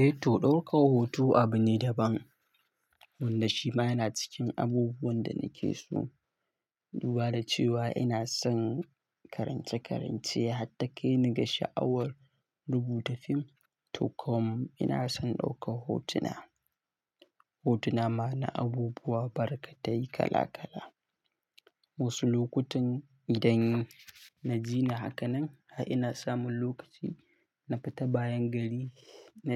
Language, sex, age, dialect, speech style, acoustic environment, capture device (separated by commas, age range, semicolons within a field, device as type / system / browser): Hausa, male, 18-24, Nigeria - Katsina, happy, nature, mobile / Linux / Chrome